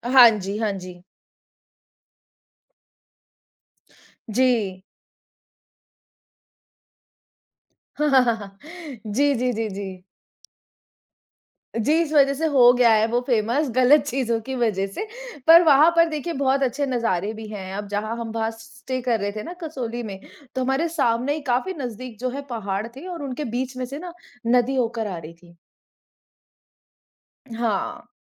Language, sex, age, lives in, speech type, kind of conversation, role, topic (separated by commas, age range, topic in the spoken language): Hindi, male, 30-34, India, conversation, unstructured, none, आपको कौन-सी प्राकृतिक जगह सबसे अधिक पसंद है?